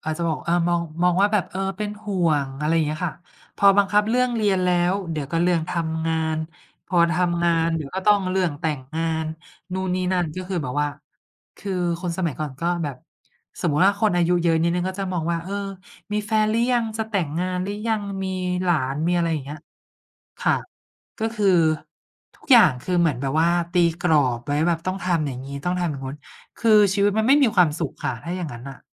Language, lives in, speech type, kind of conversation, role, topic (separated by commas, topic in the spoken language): Thai, Thailand, conversation, unstructured, none, ถ้าคนรอบข้างไม่เห็นด้วยกับความฝันของคุณ คุณจะทำอย่างไร?